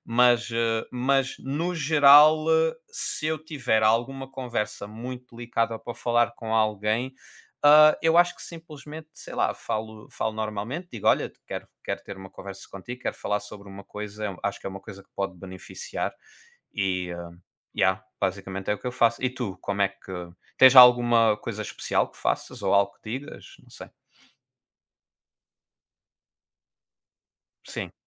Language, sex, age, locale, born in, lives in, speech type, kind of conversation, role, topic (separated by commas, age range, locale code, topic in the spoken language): Portuguese, male, 25-29, pt-PT, Portugal, Portugal, conversation, unstructured, none, Como transformar uma conversa difícil em uma oportunidade de crescimento?